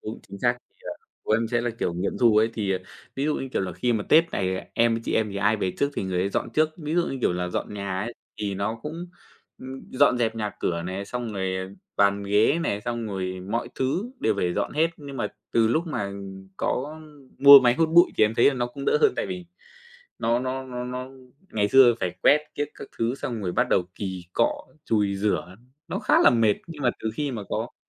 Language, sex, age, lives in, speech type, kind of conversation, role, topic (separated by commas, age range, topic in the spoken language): Vietnamese, male, 25-29, Vietnam, conversation, podcast, guest, Làm sao bạn chia sẻ việc nhà với người khác?